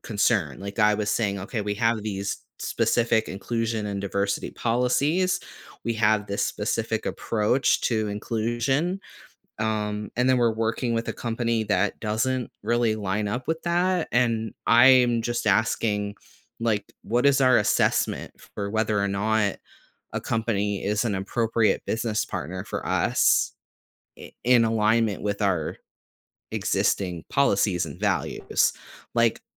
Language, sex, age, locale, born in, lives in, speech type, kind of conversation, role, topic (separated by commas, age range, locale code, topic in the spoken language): English, male, 35-39, en-US, United States, United States, conversation, podcast, guest, How do you approach disagreements when your beliefs differ from those around you?